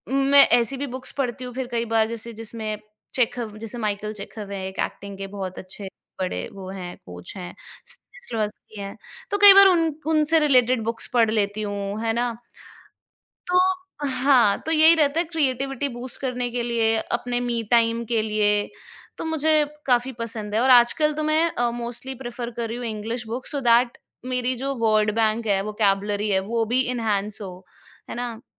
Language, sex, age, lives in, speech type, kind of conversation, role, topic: Hindi, female, 25-29, India, conversation, podcast, guest, Kaunsi chhoti aadatein tumhari rachnaatmakta ko badhaati hain?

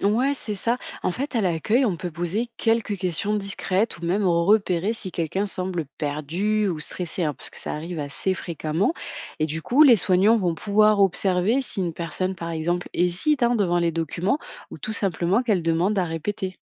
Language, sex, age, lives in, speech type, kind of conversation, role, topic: French, female, 25-29, France, read, expert-assistant, expert, Avoir plus d’administratif dans les hôpitaux, est-ce que ça aide ou ça complique la vie des patients et des soignants ?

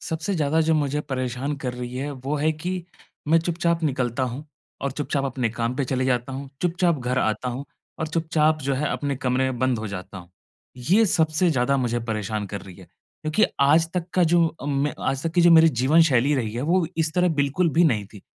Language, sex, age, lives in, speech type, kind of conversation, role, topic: Hindi, male, 25-29, India, conversation, advice, user, नए देश में भावनात्मक रूप से सामंजस्य कैसे बिठाऊँ?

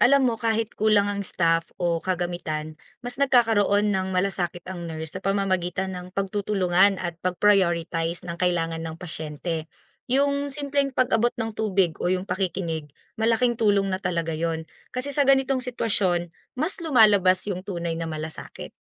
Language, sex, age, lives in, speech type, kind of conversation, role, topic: Filipino, female, 25-29, Philippines, read, expert-assistant, expert, Ano ang ibig sabihin ng 'malasakit' sa pangangalaga ng pasyente, at paano ito naipapakita sa araw-araw na karanasan sa ospital?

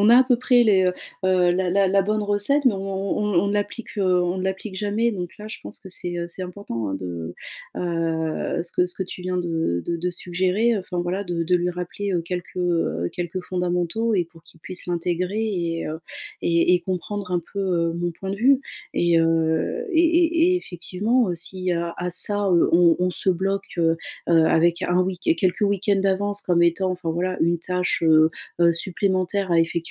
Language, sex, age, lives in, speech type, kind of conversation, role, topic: French, female, 35-39, France, conversation, advice, user, Comment puis-je allouer des plages horaires aux tâches administratives ?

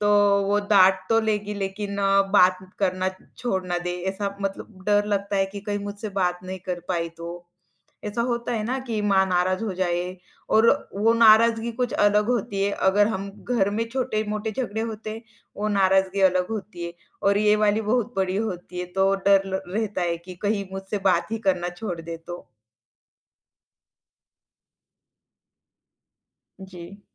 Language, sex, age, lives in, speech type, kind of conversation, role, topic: Hindi, female, 25-29, India, conversation, advice, user, क्या आपको कठिन बात कहने या माफ़ी माँगने में डर लगता है?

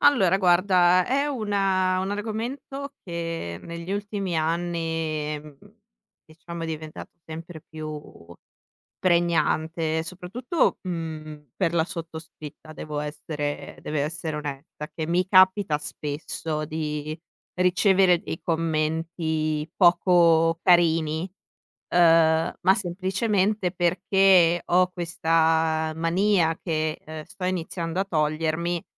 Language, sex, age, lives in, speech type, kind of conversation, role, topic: Italian, female, 25-29, Italy, conversation, podcast, guest, Come si possono affrontare in modo pratico l’hate speech e il bullismo digitale?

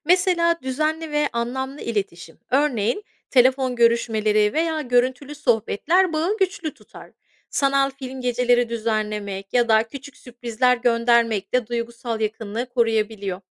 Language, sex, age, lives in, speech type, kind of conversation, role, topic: Turkish, female, 35-39, United States, read, expert-assistant, expert, Bir eşyayı koleksiyonuna eklemenin verdiği heyecanı, başka hangi gerçek hayat deneyimleriyle karşılaştırabiliriz?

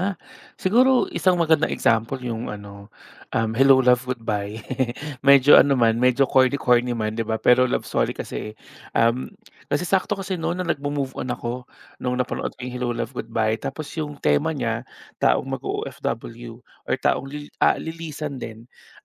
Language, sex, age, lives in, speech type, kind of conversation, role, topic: Filipino, male, 30-34, Philippines, conversation, podcast, guest, Paano nagiging nakapagpapagaling para sa’yo ang panonood ng sining o pelikula?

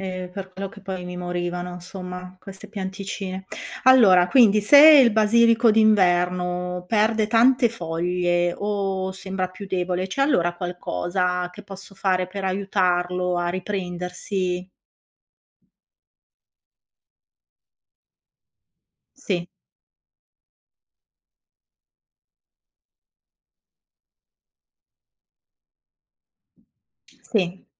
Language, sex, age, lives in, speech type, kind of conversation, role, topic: Italian, female, 55-59, Italy, read, expert-assistant, asker, Come si sviluppa una pianta da un seme?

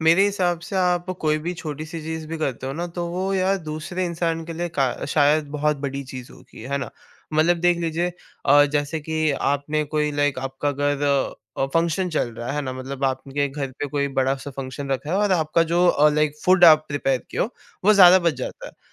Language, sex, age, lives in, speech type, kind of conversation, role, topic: Hindi, male, 18-19, India, conversation, podcast, guest, Chhote acts of kindness community mein kaise farq laate hain?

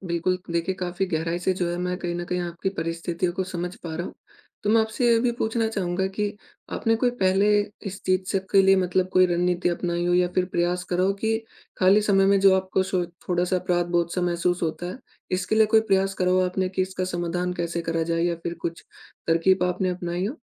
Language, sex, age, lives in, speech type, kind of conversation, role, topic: Hindi, male, 20-24, India, conversation, advice, advisor, आप अपने खाली समय में दोषी क्यों महसूस करते हैं?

